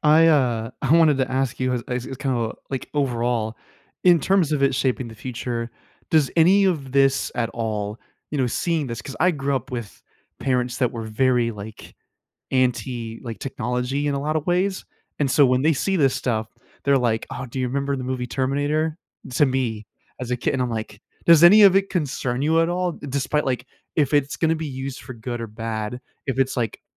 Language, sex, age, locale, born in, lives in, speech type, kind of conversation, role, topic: English, male, 25-29, en-US, United States, United States, conversation, podcast, host, What opportunities and challenges does artificial intelligence bring to our everyday lives?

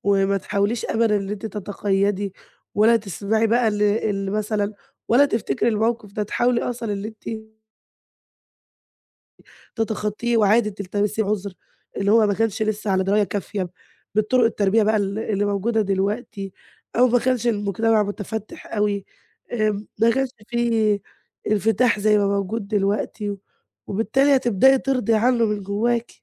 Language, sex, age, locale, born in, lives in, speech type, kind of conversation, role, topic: Arabic, female, 20-24, ar-EG, Egypt, Greece, conversation, advice, advisor, إزاي أقدر أعبّر عن رأيي من غير ما أتردد بسبب خوفي من الرفض؟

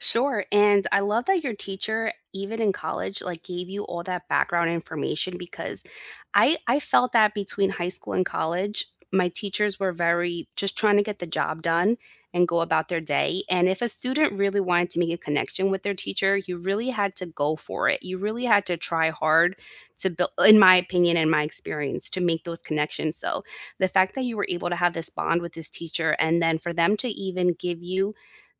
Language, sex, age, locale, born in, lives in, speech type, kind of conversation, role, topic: English, female, 35-39, en-US, United States, United States, conversation, unstructured, none, Which teacher changed the way you think about learning, and what made that impact last?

